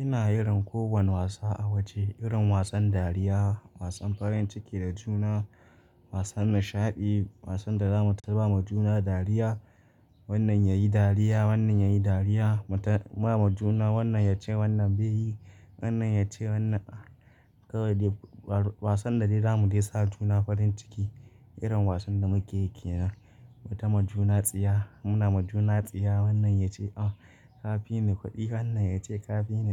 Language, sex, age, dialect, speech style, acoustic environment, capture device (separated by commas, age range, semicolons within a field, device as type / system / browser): Hausa, male, 25-34, Nigeria - Nigerian Standard, happy; anxious, silence, mobile / Linux / Chrome